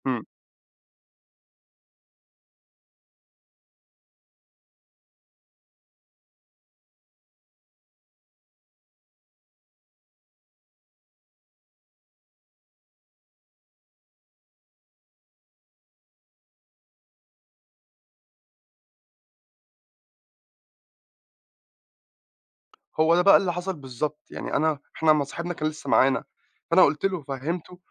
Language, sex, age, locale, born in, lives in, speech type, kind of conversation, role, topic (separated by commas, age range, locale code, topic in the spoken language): Arabic, male, 25-29, ar-EG, Egypt, United States, conversation, advice, user, إزاي أتعامل مع خلاف عن الحدود الشخصية والخصوصية جوه البيت؟